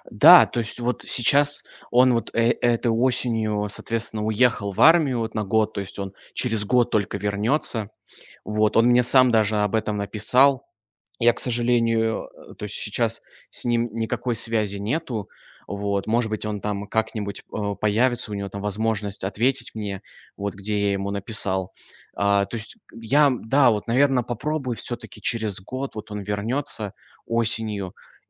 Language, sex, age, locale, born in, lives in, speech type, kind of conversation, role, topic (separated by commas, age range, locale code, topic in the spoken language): Russian, male, 20-24, ru-RU, Russia, Germany, conversation, advice, user, Как возобновить дружбу после долгой паузы?